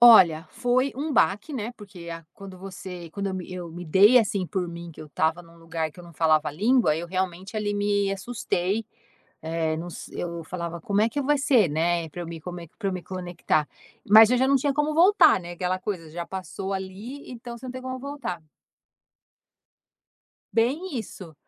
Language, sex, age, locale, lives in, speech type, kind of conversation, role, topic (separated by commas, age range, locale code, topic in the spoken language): Portuguese, female, 50-54, pt-BR, United States, conversation, podcast, guest, Como as histórias de imigração contam quem você é hoje?